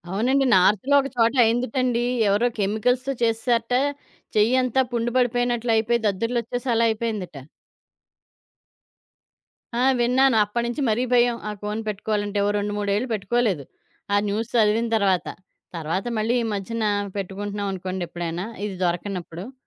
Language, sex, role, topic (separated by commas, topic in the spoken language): Telugu, female, guest, ఒక చిన్న చెట్టు నాటిన అనుభవం నీకు ఏమేమి నేర్పించింది?